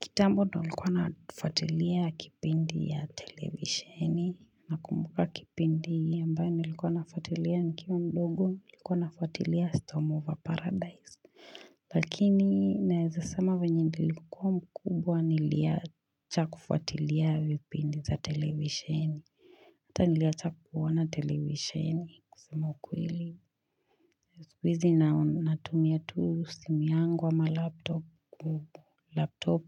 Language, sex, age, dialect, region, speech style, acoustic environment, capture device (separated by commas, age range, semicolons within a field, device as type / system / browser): Swahili, female, 25-34, Kenya - Nairobi Sheng-influenced, Kenya, relaxed, silence, mobile / Linux / Chrome